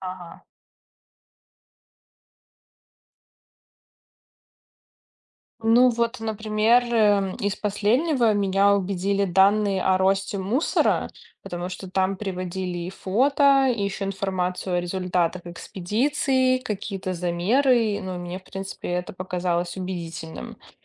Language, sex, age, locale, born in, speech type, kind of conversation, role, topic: Russian, female, 30-34, ru-RU, Belarus, read, expert-assistant, asker, Как узнать, что статья о дикой природе основана на фактах, а не просто на мнении автора?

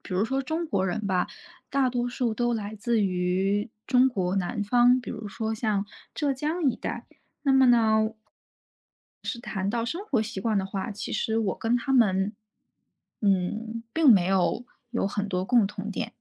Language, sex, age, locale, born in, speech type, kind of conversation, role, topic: Chinese, female, 30-34, zh-CN, China, conversation, advice, user, 我因为想念家乡的食物和节日习惯而情绪低落，该怎么办？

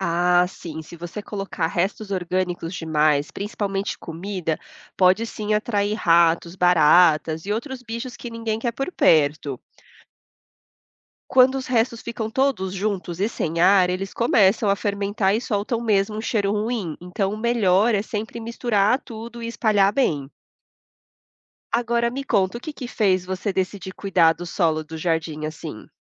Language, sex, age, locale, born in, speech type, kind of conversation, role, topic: Portuguese, female, 30-34, pt-BR, Brazil, read, expert-assistant, expert, Como as minhocas ajudam a fertilizar o solo?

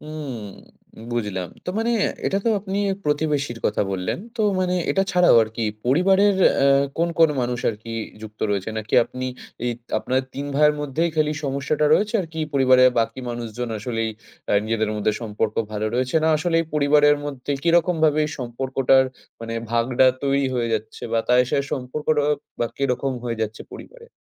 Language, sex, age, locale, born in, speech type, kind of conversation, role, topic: Bengali, male, 20-24, bn-IN, India, conversation, advice, advisor, উত্তরাধিকার বা জমি-সম্পত্তি নিয়ে আপনার পরিবারের ঝগড়ার বিষয়টি কী, এবং আপনি কীভাবে সমাধান চান?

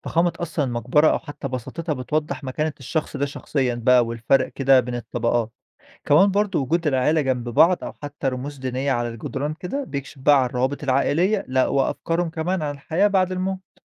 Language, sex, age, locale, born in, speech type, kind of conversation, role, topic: Arabic, male, 25-29, ar-EG, Egypt, read, expert-assistant, expert, إزاي علماء الأنثروبولوجيا الأركيولوجية بيعرفوا حياة الناس زمان من الحاجات اللي بيلاقوها في الحفريات؟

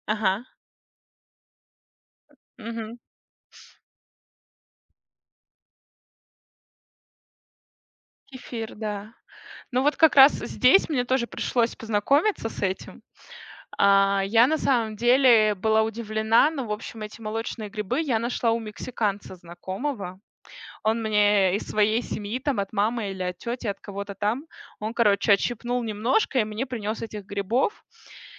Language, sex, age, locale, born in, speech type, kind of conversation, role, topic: Russian, female, 30-34, ru-RU, Russia, conversation, podcast, guest, Как вы относитесь к ферментации и закваскам?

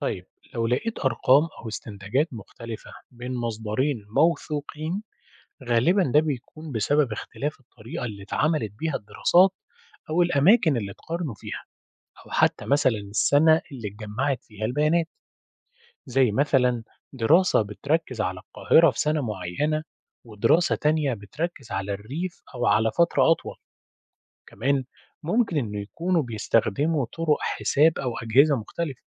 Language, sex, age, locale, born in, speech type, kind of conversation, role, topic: Arabic, male, 30-34, ar-EG, Egypt, read, expert-assistant, expert, إزاي أتعلم عن تغير المناخ وأميز المعلومات الصح؟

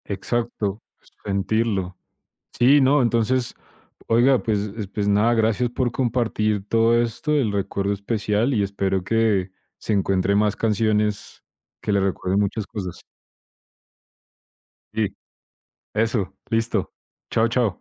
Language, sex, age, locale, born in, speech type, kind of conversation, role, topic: Spanish, male, 25-29, es-CO, Colombia, conversation, unstructured, none, ¿Tienes algún recuerdo especial relacionado con una canción?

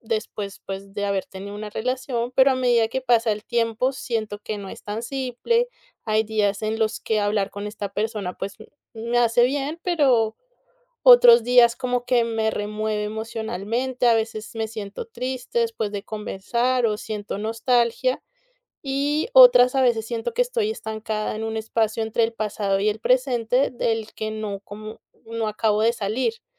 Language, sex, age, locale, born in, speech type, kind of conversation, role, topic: Spanish, female, 30-34, es-CO, Colombia, conversation, advice, user, ¿Cómo puedo mantener una amistad con mi ex y poner límites emocionales claros?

